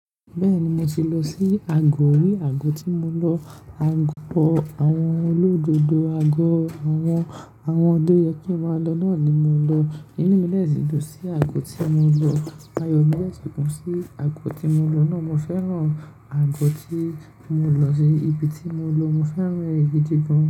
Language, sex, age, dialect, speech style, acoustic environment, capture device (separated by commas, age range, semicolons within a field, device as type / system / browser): Yoruba, male, 18-24, Nigeria - Lagos Yoruba, relaxed; happy; focused, nature; silence, mobile / Linux / Chrome